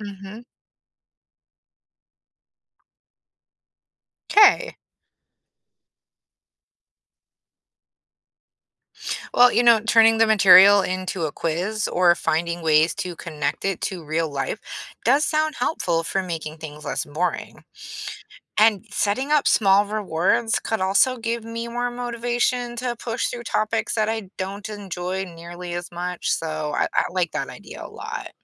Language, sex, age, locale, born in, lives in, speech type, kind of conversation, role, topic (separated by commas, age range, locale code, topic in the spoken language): English, female, 40-44, en-US, United States, United States, read, expert-assistant, asker, Why is it important to develop good study habits?